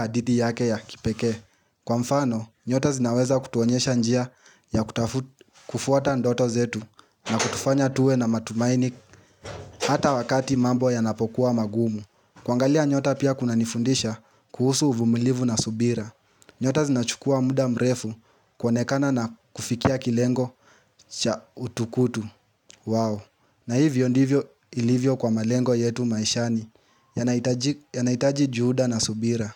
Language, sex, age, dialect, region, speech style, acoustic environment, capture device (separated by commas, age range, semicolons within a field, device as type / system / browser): Swahili, male, 18-24, Kenya - Nairobi Sheng-influenced, Kenya, happy; anxious; relaxed; excited; focused, nature, mobile / Linux / Chrome